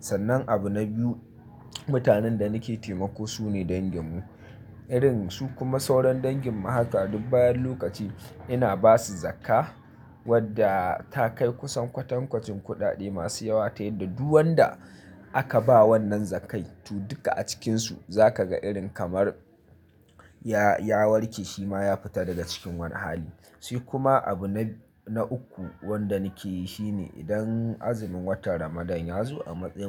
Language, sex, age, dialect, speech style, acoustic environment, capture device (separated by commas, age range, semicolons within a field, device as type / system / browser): Hausa, male, 25-34, Nigeria - Nigerian Standard, happy, silence, mobile / Linux / Chrome